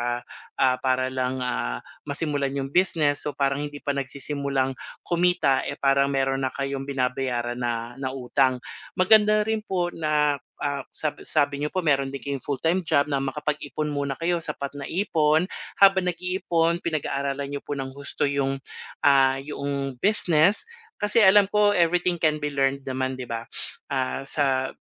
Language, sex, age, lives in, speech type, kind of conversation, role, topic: Filipino, male, 45-49, Philippines, conversation, advice, advisor, Paano ko maibabalik ang sigla ko sa paggawa ng mga proyekto?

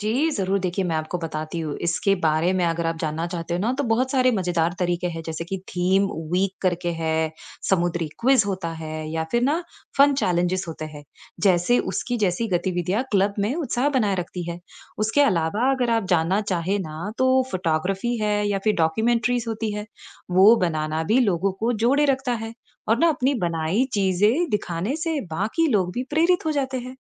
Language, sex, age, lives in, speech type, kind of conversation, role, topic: Hindi, female, 35-39, United States, read, expert-assistant, expert, हम समुद्र के जीवों को बचाने के लिए और कौन-कौन से आसान और नए तरीके आज़मा सकते हैं?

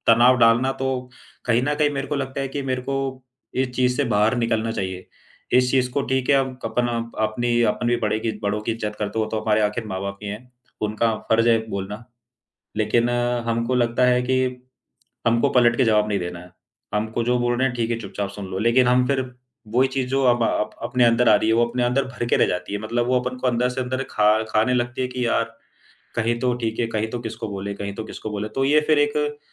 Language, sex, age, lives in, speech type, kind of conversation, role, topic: Hindi, male, 25-29, India, conversation, advice, user, मैं अपने ऊर्जा स्तर का सही आकलन करके उसे थकान और बर्नआउट से कैसे अलग करूँ?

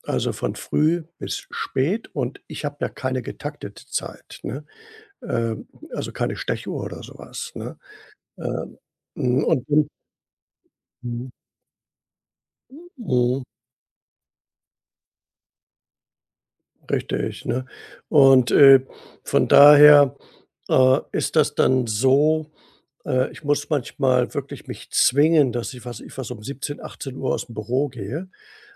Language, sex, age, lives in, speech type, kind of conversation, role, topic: German, male, 65-69, Germany, conversation, advice, user, Wie finde ich als Gründer die richtige Balance zwischen Arbeit und Privatleben?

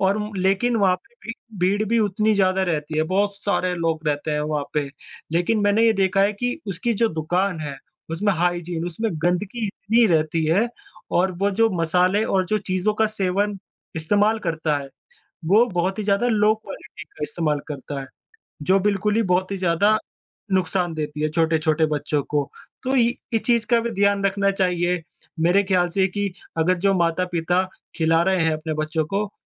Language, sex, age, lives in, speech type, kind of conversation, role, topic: Hindi, female, 25-29, India, conversation, unstructured, none, क्या हमें जंक फूड खाना पूरी तरह बंद कर देना चाहिए?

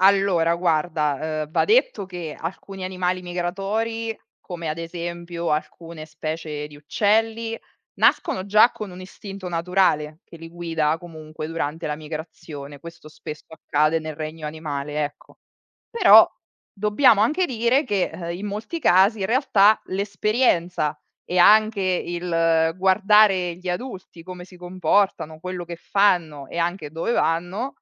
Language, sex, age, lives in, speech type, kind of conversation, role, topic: Italian, female, 35-39, Italy, read, expert-assistant, expert, Come si orientano gli animali migratori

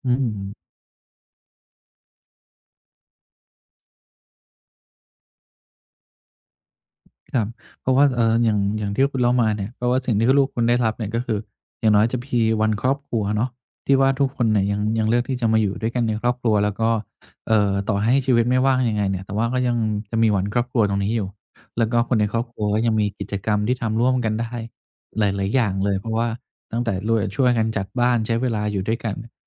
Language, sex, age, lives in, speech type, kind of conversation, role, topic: Thai, male, 50-54, Thailand, conversation, podcast, host, คุณมีประสบการณ์แบ่งงานบ้านกับคนอื่นในบ้านอย่างไรบ้าง?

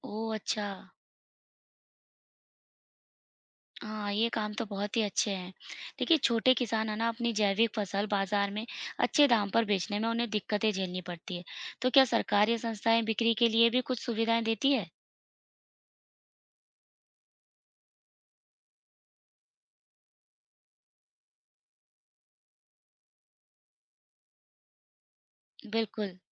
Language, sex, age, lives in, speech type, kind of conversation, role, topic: Hindi, female, 35-39, India, read, expert-assistant, asker, क्या पुराने समय की खेती की परंपराएँ आज की टिकाऊ खेती में मदद कर सकती हैं?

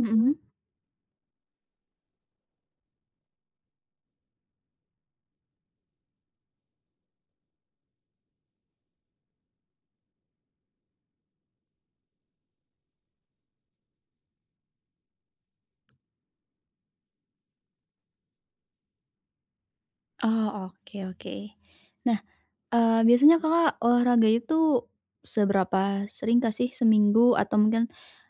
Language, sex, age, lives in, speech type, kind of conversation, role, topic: Indonesian, female, 20-24, Indonesia, conversation, advice, advisor, Bagaimana cara mulai rutinitas kebugaran tanpa merasa cemas?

